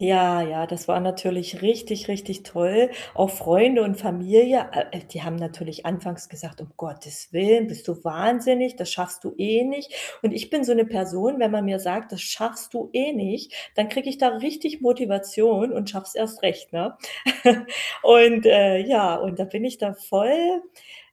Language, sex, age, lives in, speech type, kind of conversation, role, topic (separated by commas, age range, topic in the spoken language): German, female, 40-44, Germany, conversation, podcast, guest, Was war dein mutigster Schritt in Richtung Veränderung?